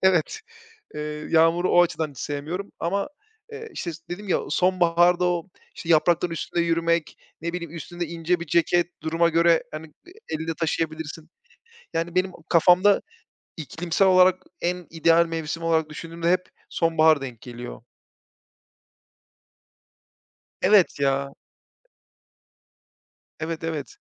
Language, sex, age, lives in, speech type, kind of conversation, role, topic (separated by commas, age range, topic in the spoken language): Turkish, male, 30-34, Bulgaria, conversation, unstructured, none, En sevdiğiniz mevsim hangisi ve neden?